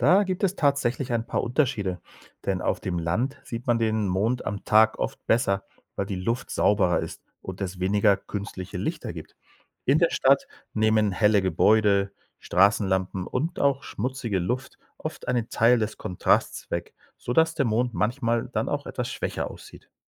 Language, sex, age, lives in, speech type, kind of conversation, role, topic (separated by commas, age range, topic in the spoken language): German, male, 45-49, Spain, read, expert-assistant, expert, Warum ist der Mond manchmal tagsüber sichtbar?